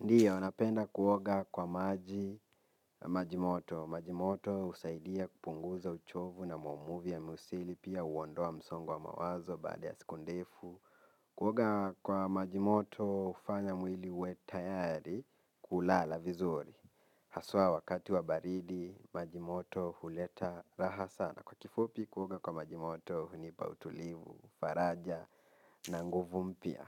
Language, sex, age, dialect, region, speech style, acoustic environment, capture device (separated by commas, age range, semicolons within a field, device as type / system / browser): Swahili, male, 18-24, Kenya - Nairobi Sheng-influenced, Kenya, focused, nature; silence, mobile / Linux / Chrome